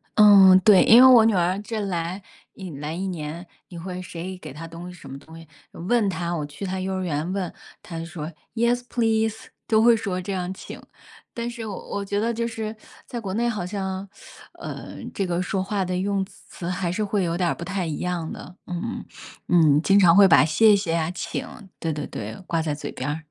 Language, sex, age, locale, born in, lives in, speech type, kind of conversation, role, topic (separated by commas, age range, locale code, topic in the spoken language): Chinese, female, 40-44, zh-CN, China, United States, conversation, unstructured, none, 你觉得帮助别人重要吗？